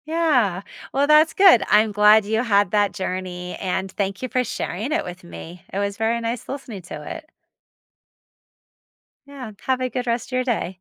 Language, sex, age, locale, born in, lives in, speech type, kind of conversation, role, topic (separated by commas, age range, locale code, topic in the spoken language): English, female, 45-49, en-US, United States, United States, conversation, advice, advisor, How can I recover professionally and rebuild my confidence after making an embarrassing mistake at work?